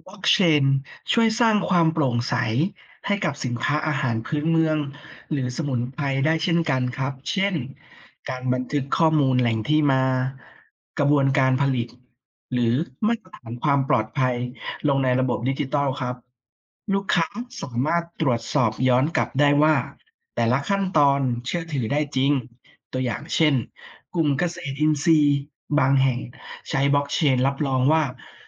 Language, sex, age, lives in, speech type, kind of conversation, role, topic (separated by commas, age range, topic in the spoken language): Thai, female, 30-34, Thailand, read, expert-assistant, expert, เทคโนโลยีใหม่ช่วยต่อยอดสินค้าท้องถิ่นในชุมชนได้อย่างไร?